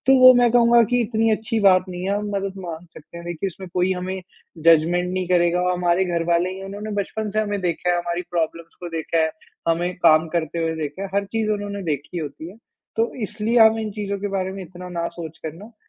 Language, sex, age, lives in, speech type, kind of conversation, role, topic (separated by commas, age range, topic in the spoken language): Hindi, male, 20-24, India, conversation, advice, advisor, कर्ज की किश्तें भरने में आपको मुश्किल हो रही है और लगातार चिंता बनी रहती है, तो आप क्या कर सकते हैं?